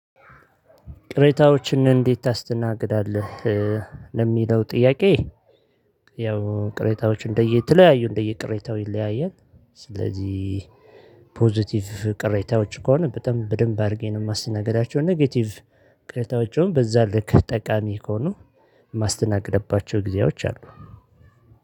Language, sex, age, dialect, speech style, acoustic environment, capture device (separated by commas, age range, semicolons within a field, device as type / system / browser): Amharic, male, 35-44, Ethiopia - Addis Ababa, excited, silence, mobile / Linux / Chrome